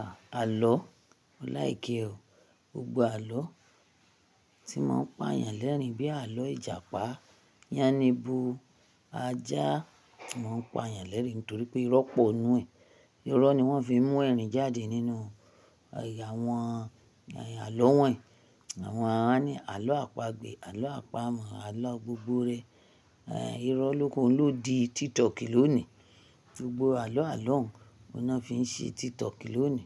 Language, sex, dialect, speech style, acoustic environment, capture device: Yoruba, female, Nigeria - Ibadan, happy; focused; relaxed, people talking; traffic; nature, mobile / Linux / Chrome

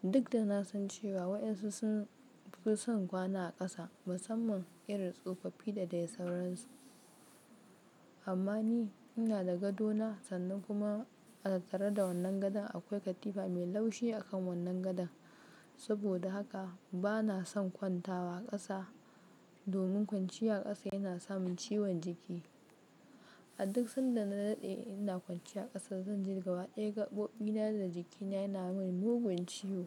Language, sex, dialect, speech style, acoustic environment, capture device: Hausa, female, Nigeria - Kano, focused, nature, mobile / Linux / Chrome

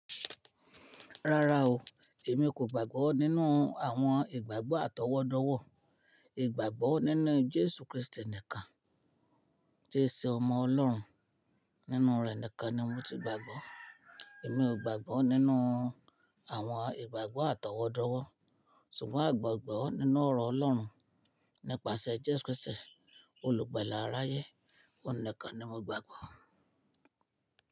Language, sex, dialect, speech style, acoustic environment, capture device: Yoruba, female, Nigeria - Ibadan, happy, silence, mobile / Linux / Chrome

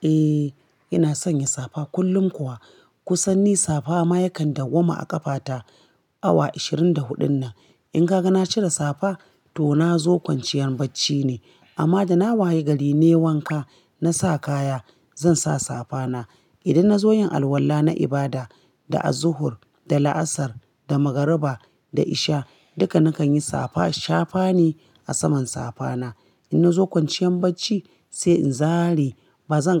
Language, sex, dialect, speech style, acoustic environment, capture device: Hausa, female, Nigeria - Kano, relaxed; focused, appliances; silence; nature, mobile / Linux / Chrome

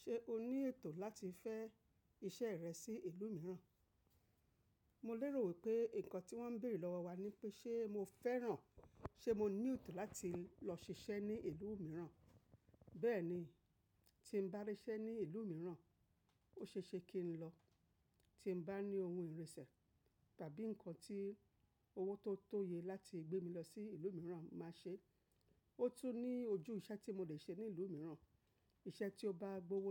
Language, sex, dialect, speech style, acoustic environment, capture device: Yoruba, female, Nigeria - Lagos Yoruba, relaxed; focused, nature, mobile / macOS / Safari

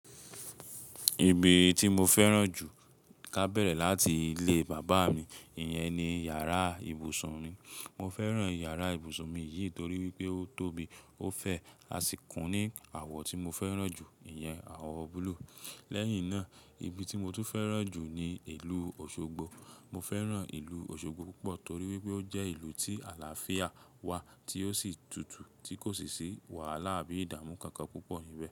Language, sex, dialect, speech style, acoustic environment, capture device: Yoruba, male, Nigeria - Nigerian Standard, tired; relaxed, silence, mobile / Linux / Chrome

